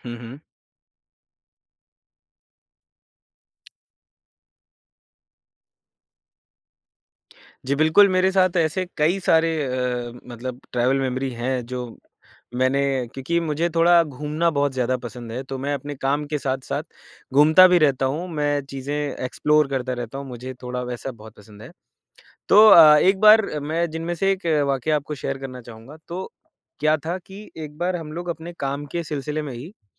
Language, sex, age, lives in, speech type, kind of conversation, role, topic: Hindi, male, 25-29, India, conversation, podcast, guest, Tumhare liye sabse zyada khaas kaun-si travel yaad hai?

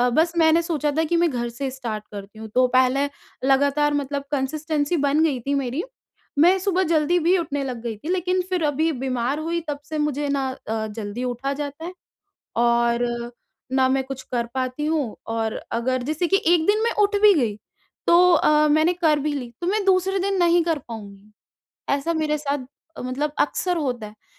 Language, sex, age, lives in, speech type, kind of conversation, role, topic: Hindi, female, 20-24, India, conversation, advice, user, मैं सुरक्षित और स्थिर तरीके से अपनी फिटनेस दिनचर्या फिर से कैसे शुरू करूँ?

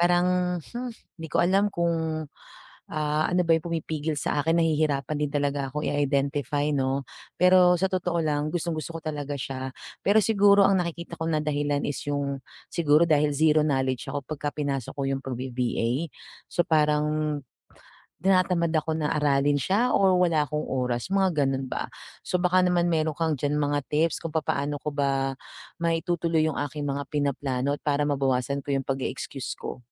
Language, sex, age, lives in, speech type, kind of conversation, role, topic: Filipino, female, 40-44, Philippines, conversation, advice, user, Paano ko maiuuna ang paglikha kaysa sa pagdadahilan at pagpapaliban?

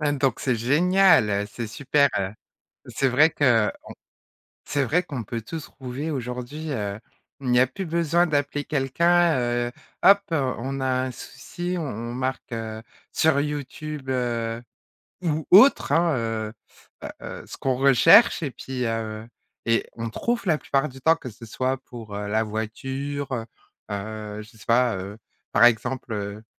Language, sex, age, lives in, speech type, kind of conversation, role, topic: French, female, 40-44, France, conversation, podcast, host, Comment les réseaux sociaux ont-ils influencé tes goûts en matière de médias ?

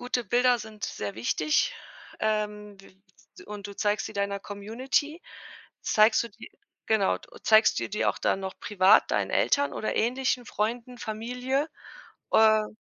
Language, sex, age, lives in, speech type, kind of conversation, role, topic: German, female, 35-39, Germany, conversation, podcast, host, Wie hast du das Fotografieren mit dem Smartphone verbessert?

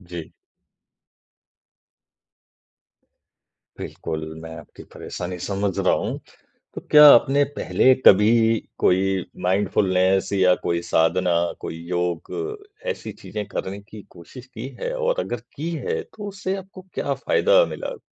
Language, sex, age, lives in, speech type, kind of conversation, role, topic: Hindi, male, 40-44, India, conversation, advice, advisor, मैं रोज़मर्रा के कामों के दौरान ज़्यादा उपस्थित कैसे रह सकता/सकती हूँ?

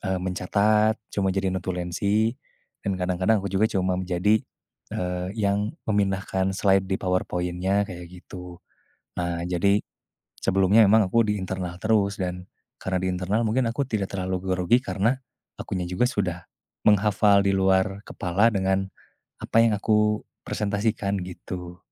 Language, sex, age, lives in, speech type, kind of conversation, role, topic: Indonesian, male, 20-24, Indonesia, conversation, advice, user, Apa yang biasanya membuat Anda merasa cemas sebelum presentasi penting, dan bagaimana Anda mengatasinya?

